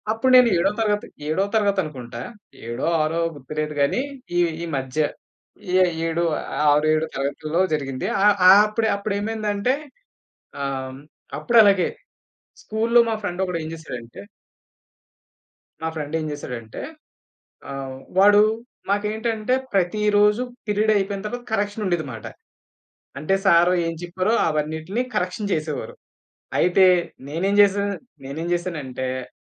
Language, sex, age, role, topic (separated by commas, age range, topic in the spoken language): Telugu, male, 30-34, guest, మీకు పాఠశాల రోజుల నుంచి ఇప్పటికీ స్పష్టంగా గుర్తుండిపోయిన జ్ఞాపకం ఏది?